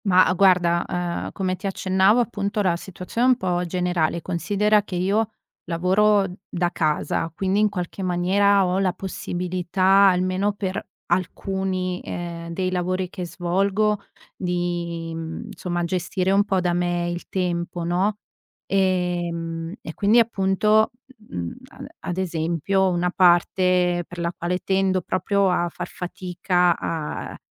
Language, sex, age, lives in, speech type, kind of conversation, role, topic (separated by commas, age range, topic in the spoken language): Italian, female, 30-34, Italy, conversation, advice, user, Come posso smettere di procrastinare e costruire l’abitudine di iniziare subito?